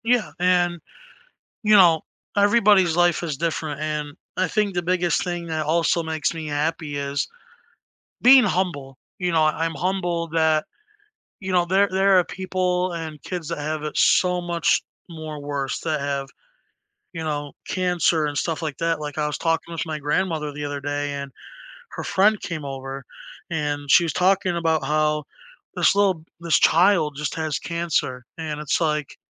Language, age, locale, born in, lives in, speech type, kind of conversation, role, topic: English, 20-24, en-US, United States, United States, conversation, unstructured, none, When do you feel happiest being exactly who you are?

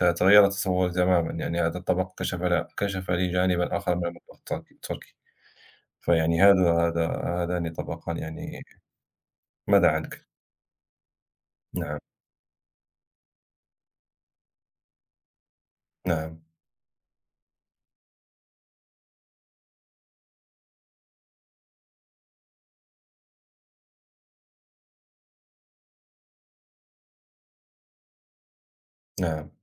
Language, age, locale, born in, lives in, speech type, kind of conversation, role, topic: Arabic, 30-34, ar-MA, Morocco, France, conversation, unstructured, none, إنت بتحب تجرّب أكلات من ثقافات مختلفة، وأنهي نوع عجبك أكتر؟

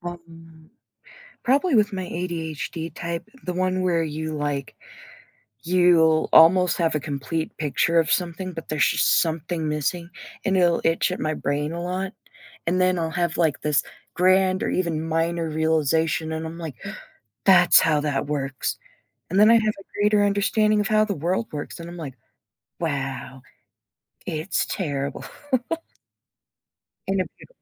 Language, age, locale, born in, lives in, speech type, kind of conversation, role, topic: English, 25-29, en-US, United States, United States, conversation, advice, user, How can I find meaning after a loss?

